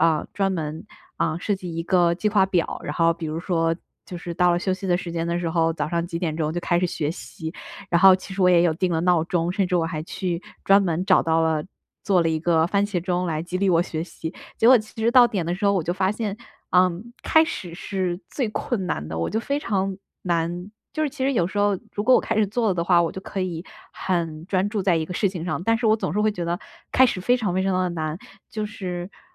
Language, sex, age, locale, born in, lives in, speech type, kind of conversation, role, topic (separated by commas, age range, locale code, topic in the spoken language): Chinese, female, 25-29, zh-CN, China, United States, conversation, advice, user, 如何才能真正摆脱持续拖延的习惯？